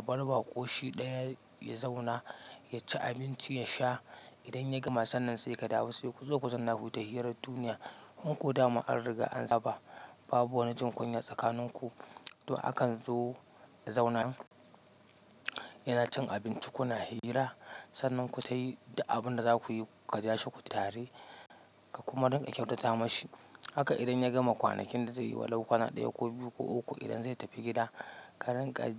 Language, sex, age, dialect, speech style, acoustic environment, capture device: Hausa, male, 25-34, Nigeria - Katsina, relaxed, silence, mobile / Linux / Chrome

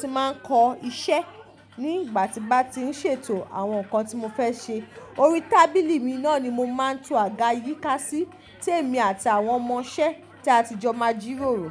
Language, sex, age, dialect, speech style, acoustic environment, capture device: Yoruba, female, 25-34, Nigeria - Ibadan, relaxed; focused, silence; nature; appliances, mobile / Linux / Chrome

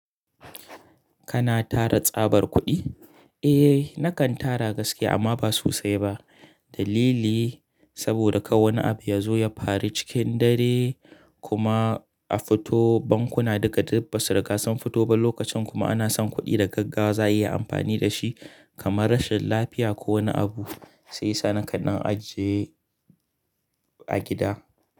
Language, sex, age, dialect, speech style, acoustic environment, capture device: Hausa, male, 25-34, Nigeria - Kano, excited; focused; relaxed, nature, mobile / Linux / Chrome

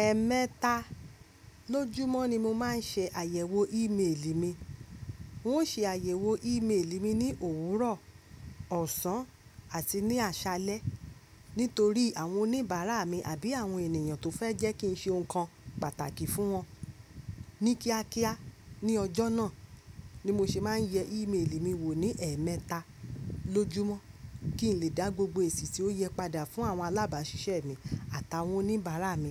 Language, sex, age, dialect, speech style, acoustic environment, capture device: Yoruba, female, 25-34, Nigeria - Lagos Yoruba, happy; focused, nature; silence, mobile / Linux / Chrome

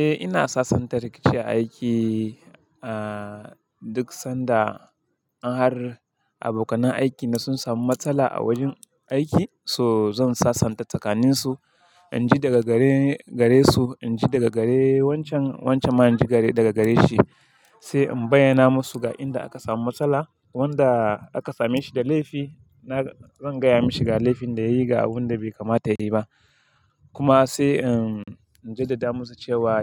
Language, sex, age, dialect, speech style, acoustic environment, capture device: Hausa, male, 25-34, Nigeria - Kano, happy; excited; focused, silence; people talking, mobile / Linux / Chrome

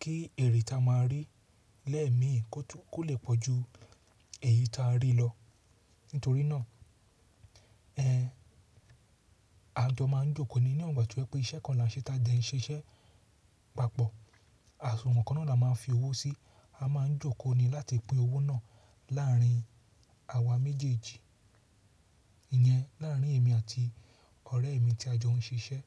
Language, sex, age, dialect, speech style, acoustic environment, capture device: Yoruba, male, 35-44, Nigeria - Lagos Yoruba, relaxed; excited, silence, mobile / Linux / Chrome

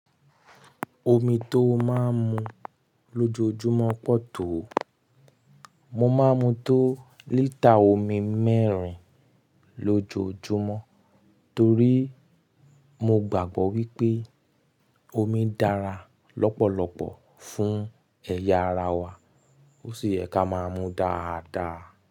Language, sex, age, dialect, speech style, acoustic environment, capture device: Yoruba, male, 25-34, Nigeria - Nigerian Standard, happy; relaxed; excited; focused, silence; nature, mobile / Linux / Chrome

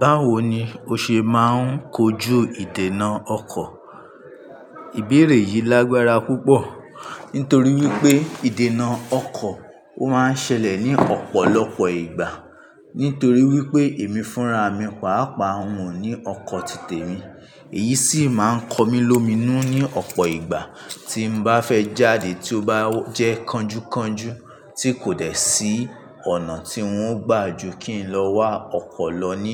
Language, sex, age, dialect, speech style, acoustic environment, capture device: Yoruba, male, 25-34, Nigeria - Ibadan, anxious; relaxed; focused; excited, traffic; construction; appliances; people talking; nature, mobile / Linux / Chrome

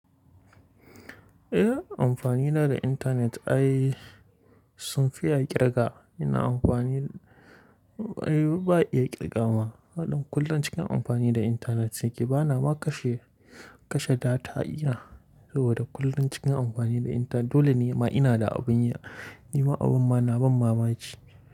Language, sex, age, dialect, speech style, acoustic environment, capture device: Hausa, male, 18-24, Nigeria - Kano, relaxed, nature, mobile / Linux / Chrome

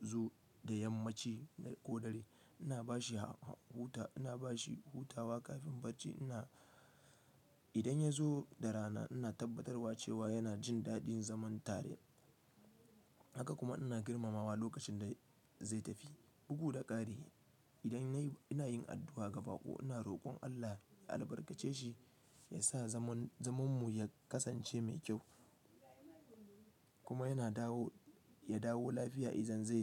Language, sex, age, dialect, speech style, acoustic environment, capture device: Hausa, male, 25-34, Nigeria - Kano, relaxed, nature, desktop / Linux / Chrome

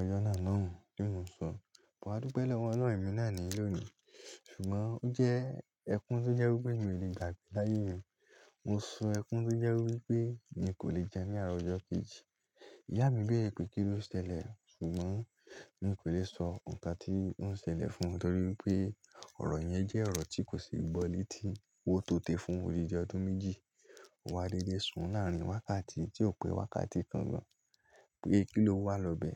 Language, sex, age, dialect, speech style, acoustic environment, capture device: Yoruba, male, 18-24, Nigeria - Lagos Yoruba, happy; relaxed, nature; silence, mobile / Linux / Chrome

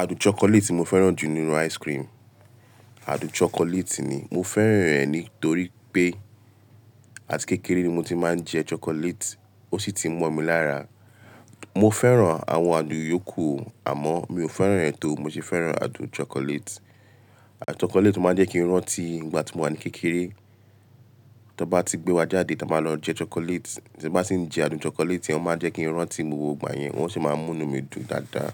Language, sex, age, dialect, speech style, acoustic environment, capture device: Yoruba, male, 25-34, Nigeria - Lagos Yoruba, relaxed, appliances, mobile / Linux / Chrome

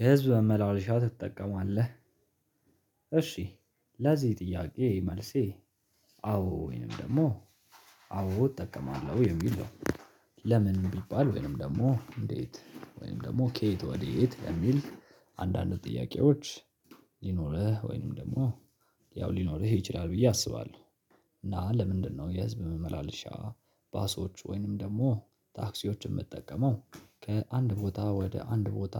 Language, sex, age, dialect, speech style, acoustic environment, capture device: Amharic, male, 18-24, Ethiopia - Addis Ababa, happy; relaxed, nature; silence; people talking; music; construction, mobile / Linux / Chrome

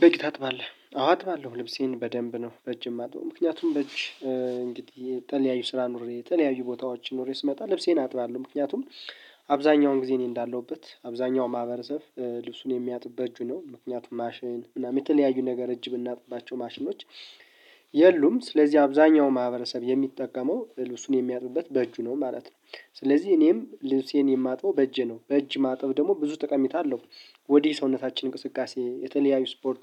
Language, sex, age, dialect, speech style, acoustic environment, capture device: Amharic, male, 25-34, Ethiopia - Gondar, happy, people talking; music, mobile / Linux / Chrome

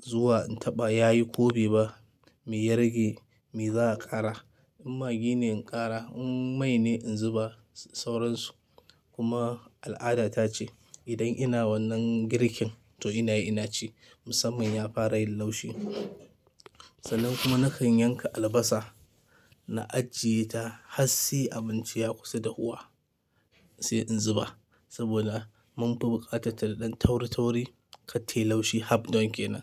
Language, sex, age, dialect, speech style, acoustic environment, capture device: Hausa, male, 25-34, Nigeria - Kano, anxious; tired; happy; nervous; excited; stressed; focused; relaxed, people talking; music; silence; appliances; traffic; construction, mobile / Linux / Chrome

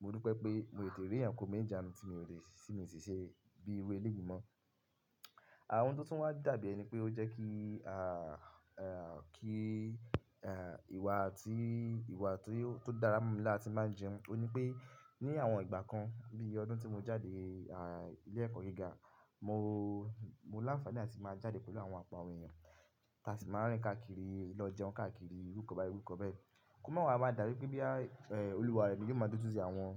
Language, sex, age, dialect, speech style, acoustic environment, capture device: Yoruba, male, 25-34, Nigeria - Ibadan, focused, nature; silence, mobile / Linux / Chrome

